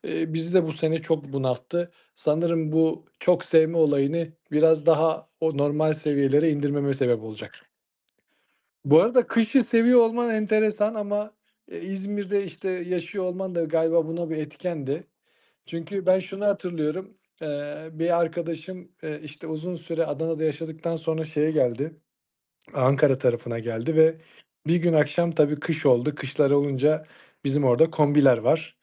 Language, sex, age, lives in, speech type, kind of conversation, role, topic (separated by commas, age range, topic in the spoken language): Turkish, male, 35-39, Austria, conversation, unstructured, none, Doğada en çok hangi mevsimi seviyorsun?